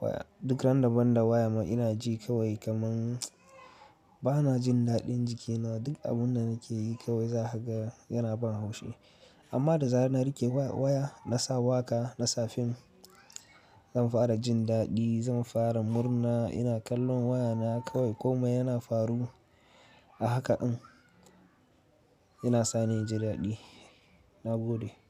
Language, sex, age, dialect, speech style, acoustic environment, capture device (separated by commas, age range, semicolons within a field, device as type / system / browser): Hausa, male, 18-24, Nigeria - Katsina, focused, nature, mobile / Linux / Chrome